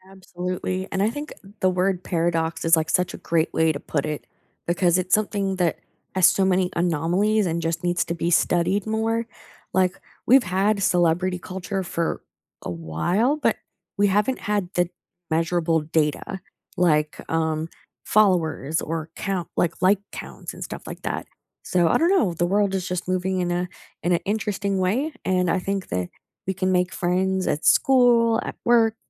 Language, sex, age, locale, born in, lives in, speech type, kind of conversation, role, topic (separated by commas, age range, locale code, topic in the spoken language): English, female, 25-29, en-US, Canada, United States, conversation, unstructured, none, How did you make friends at school, and what helped you fit in?